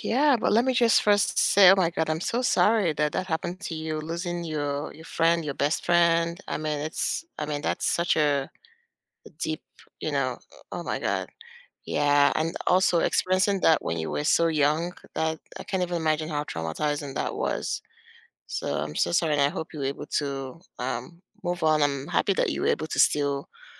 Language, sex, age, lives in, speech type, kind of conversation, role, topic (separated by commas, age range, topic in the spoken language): English, female, 40-44, United States, conversation, unstructured, none, Which past hobby have you picked back up, and what keeps it meaningful now?